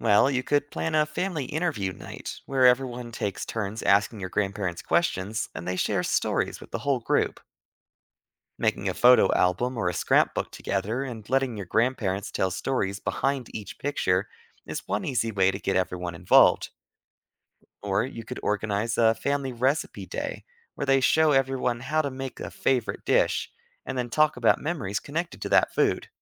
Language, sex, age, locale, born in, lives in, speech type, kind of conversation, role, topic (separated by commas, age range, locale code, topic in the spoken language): English, male, 25-29, en-US, United States, United States, read, expert-assistant, expert, What are the benefits of learning from older generations?